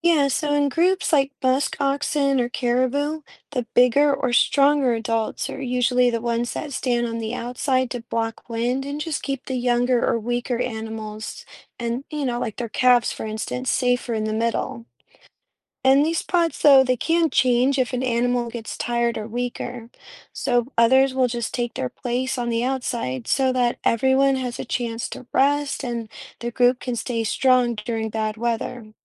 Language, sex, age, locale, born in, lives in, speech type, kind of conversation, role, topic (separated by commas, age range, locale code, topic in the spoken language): English, female, 40-44, en-US, United States, United States, read, expert-assistant, expert, How do animals survive and adapt to harsh winter conditions?